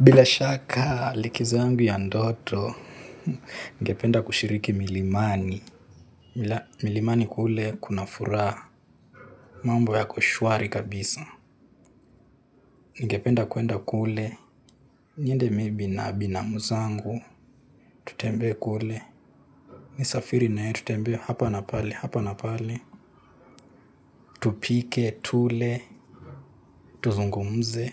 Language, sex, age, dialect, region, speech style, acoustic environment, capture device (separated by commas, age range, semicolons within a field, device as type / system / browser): Swahili, male, 18-24, Kenya - Nairobi Sheng-influenced, Kenya, happy, silence, mobile / Linux / Chrome